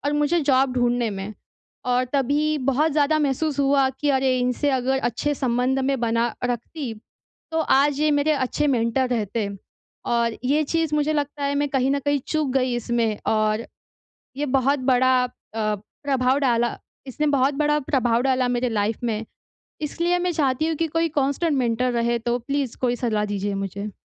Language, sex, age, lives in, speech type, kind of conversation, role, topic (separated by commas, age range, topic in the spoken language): Hindi, female, 20-24, India, conversation, advice, user, आप एक प्रभावी गुरु–शिष्य संबंध कैसे शुरू करें और उसे कैसे निभाएँ?